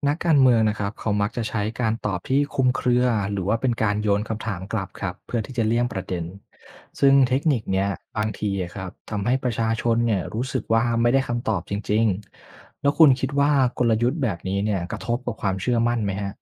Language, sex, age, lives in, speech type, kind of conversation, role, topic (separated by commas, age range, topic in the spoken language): Thai, male, 25-29, Thailand, read, expert-assistant, expert, เวลาฟังนักการเมืองพูดถึงนโยบายใหม่ ๆ เราจะมีวิธีสังเกตหรือเช็คข้อเท็จจริงได้อย่างไรว่าเขาพูดจริงหรือพูดเกิน?